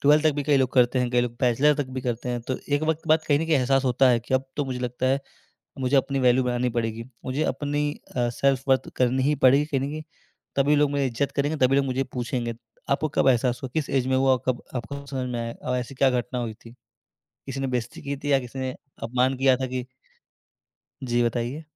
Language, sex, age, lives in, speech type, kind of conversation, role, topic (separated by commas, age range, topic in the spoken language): Hindi, male, 20-24, India, conversation, podcast, host, अपनी आत्म-मूल्य की भावना बढ़ाने के लिए आप क्या करते हैं?